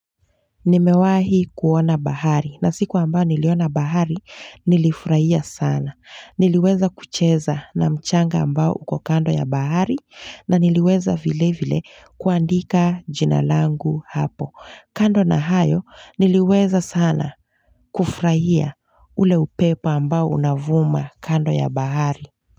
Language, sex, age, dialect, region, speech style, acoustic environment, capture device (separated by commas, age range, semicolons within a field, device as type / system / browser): Swahili, female, 25-34, Kenya - Mombasa, Kenya, happy, nature, mobile / Linux / Chrome